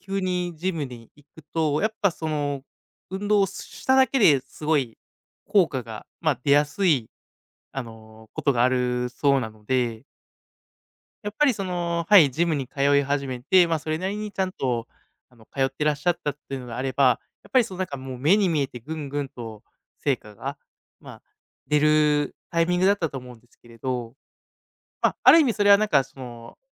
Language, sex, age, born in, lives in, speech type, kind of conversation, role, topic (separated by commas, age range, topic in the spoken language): Japanese, male, 30-34, Japan, Japan, conversation, advice, advisor, トレーニング効果が停滞してモチベーションが下がっているのですが、どうすれば改善できますか？